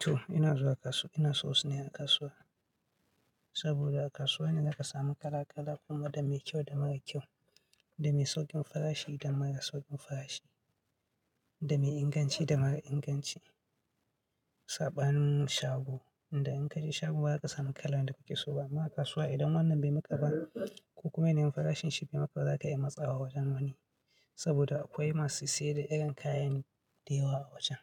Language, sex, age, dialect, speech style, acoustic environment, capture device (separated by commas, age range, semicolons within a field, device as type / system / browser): Hausa, male, 25-34, Nigeria - Katsina, happy; anxious; nervous; excited; focused; tired; relaxed; stressed, people talking; music; nature; silence; traffic; construction; appliances, mobile / Linux / Chrome